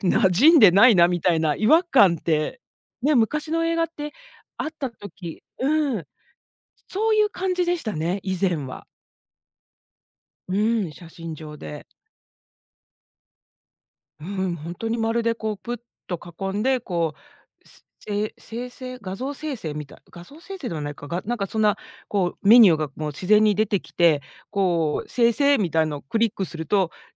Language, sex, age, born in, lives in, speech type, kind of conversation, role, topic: Japanese, female, 50-54, Japan, United States, conversation, podcast, guest, AIが身近になって、あなたの生活で何か変わったことはありますか？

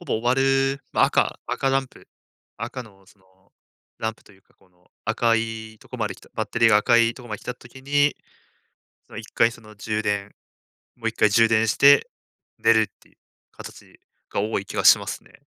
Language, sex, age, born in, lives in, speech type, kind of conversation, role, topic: Japanese, male, 20-24, Japan, Japan, conversation, advice, user, 寝る前にスマホを見続けてしまい眠れない習慣をやめるにはどうすればよいですか？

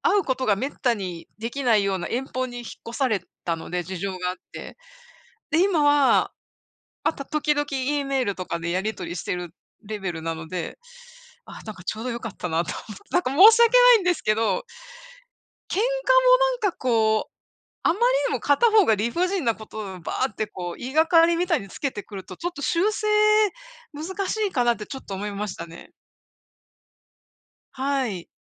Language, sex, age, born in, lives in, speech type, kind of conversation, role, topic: Japanese, female, 55-59, Japan, United States, conversation, unstructured, none, 喧嘩したとき、どうやって仲直りしますか？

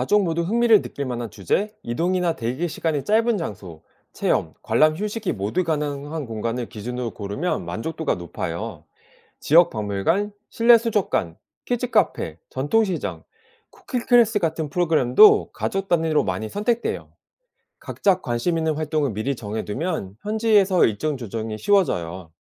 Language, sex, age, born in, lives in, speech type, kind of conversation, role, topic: Korean, male, 40-44, South Korea, South Korea, read, expert-assistant, expert, 가족 여행 준비에 일기예보는 어떻게 활용하면 될까요?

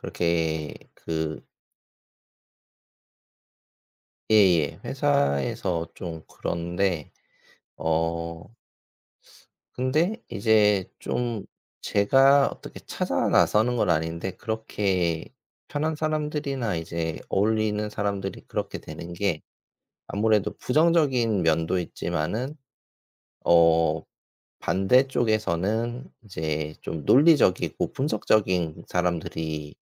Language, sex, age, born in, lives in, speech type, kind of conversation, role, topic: Korean, male, 40-44, South Korea, South Korea, conversation, unstructured, none, 긍정적인 생각을 계속 유지하려면 어떻게 해야 할까요?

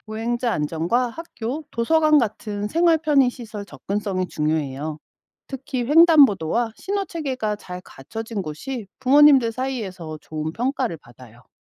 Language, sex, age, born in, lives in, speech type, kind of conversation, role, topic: Korean, female, 45-49, South Korea, United States, read, expert-assistant, expert, 도시 인프라 개선에서 대중교통, 녹지 공간, 주거 환경 중 어떤 요소에 우선 순위를 두어야 할까요?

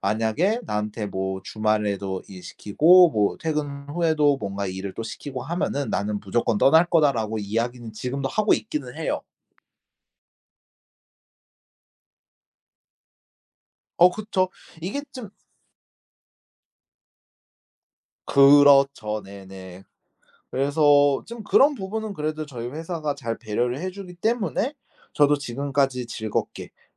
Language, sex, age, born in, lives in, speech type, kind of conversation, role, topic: Korean, male, 25-29, South Korea, Japan, conversation, podcast, guest, 직장에서 승진과 일과 삶의 균형 중 무엇을 선택하시겠어요?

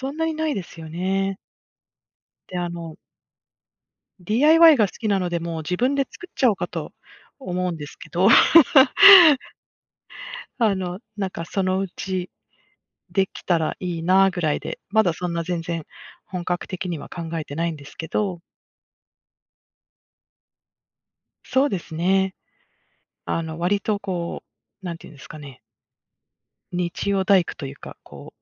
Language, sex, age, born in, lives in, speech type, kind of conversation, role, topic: Japanese, female, 50-54, Japan, United States, conversation, unstructured, none, 趣味は人生にどのような影響を与えますか？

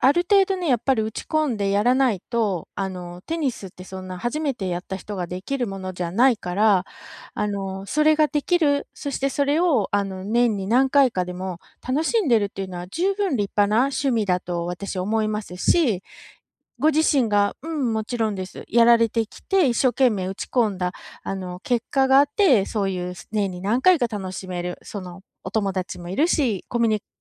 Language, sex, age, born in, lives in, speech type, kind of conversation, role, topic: Japanese, female, 45-49, Japan, United States, conversation, advice, advisor, 情熱や興味が見つからないとき、どうすれば没頭できるものを見つけられますか？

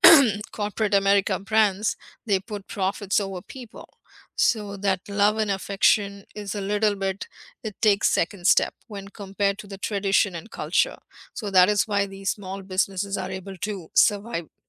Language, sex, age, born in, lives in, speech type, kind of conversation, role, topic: English, female, 50-54, India, United States, conversation, unstructured, none, What do you love and dislike about coffee shop culture in your area, and which local spots are your favorites?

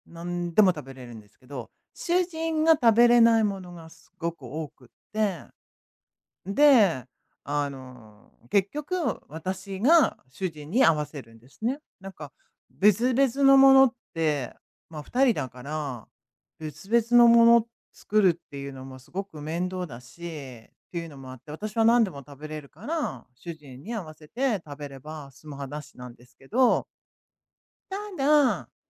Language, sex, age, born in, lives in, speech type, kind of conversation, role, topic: Japanese, female, 55-59, Japan, United States, conversation, advice, user, 家族と食の好みや食生活が合わず困っているとき、どうすればよいですか？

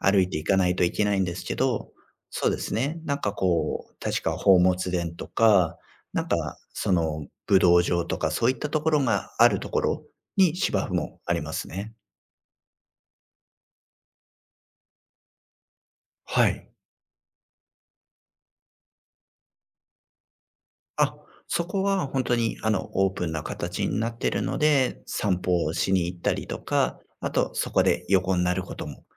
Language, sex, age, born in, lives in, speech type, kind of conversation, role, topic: Japanese, male, 35-39, Japan, Japan, conversation, podcast, guest, 自然を感じるとき、五感では何に注目しますか?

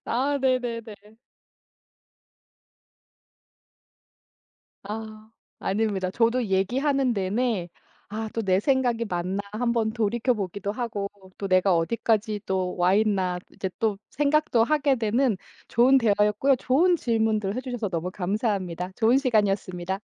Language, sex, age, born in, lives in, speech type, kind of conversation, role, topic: Korean, female, 40-44, South Korea, United States, conversation, podcast, guest, 학창 시절에 들었던 노래 중에서 가장 기억에 남는 곡은 무엇인가요?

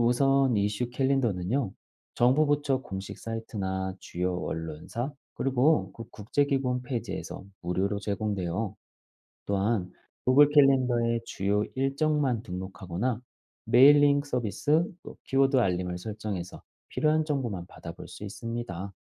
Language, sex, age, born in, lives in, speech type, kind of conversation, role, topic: Korean, male, 40-44, South Korea, Germany, read, expert-assistant, expert, 디지털 미디어를 통해 뉴스를 접하는 것, 장점과 단점은 무엇이라고 생각하세요?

